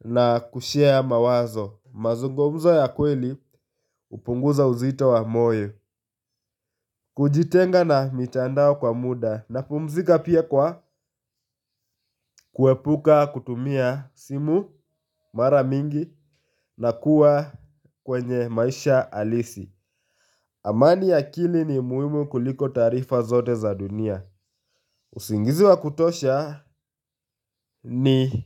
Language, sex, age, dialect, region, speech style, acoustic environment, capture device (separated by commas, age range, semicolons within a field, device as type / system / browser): Swahili, male, 18-24, Kenya - Nairobi Sheng-influenced, Kenya, happy; focused, nature; silence, mobile / Linux / Chrome